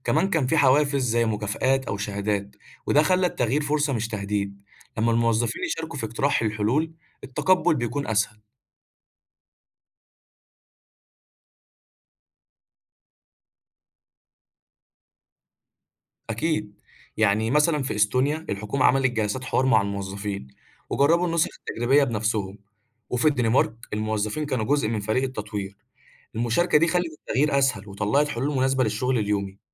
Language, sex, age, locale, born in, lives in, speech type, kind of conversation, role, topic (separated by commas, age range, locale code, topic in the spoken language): Arabic, male, 20-24, ar-EG, Egypt, Egypt, read, expert-assistant, expert, تفتكر إزاي ممكن نستخدم التكنولوجيا بطريقة مبتكرة لتحسين تجربة المواطنين في التعامل مع المصالح الحكومية؟